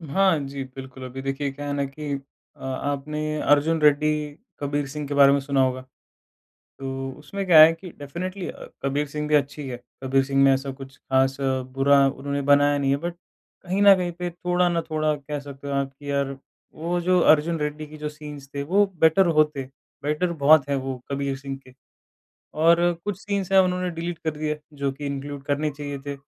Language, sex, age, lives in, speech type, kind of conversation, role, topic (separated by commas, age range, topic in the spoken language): Hindi, male, 20-24, India, conversation, podcast, guest, Kya remakes aur reboots tumhe exciting lagte hain ya bore karte hain?